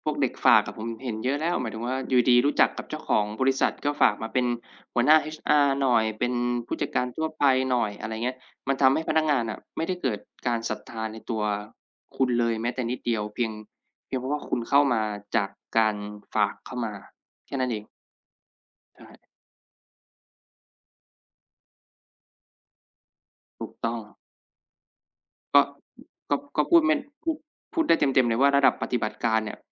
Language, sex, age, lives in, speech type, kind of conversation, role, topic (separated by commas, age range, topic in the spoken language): Thai, male, 25-29, Thailand, conversation, unstructured, none, คุณคิดว่าเราควรจัดการกับความขัดแย้งในที่ทำงานอย่างไร?